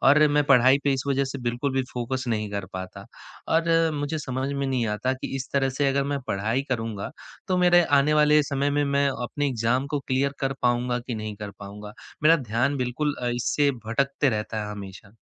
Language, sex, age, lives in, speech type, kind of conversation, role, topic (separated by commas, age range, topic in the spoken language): Hindi, male, 20-24, India, conversation, advice, user, एकाग्रता बनाए रखने में कठिनाई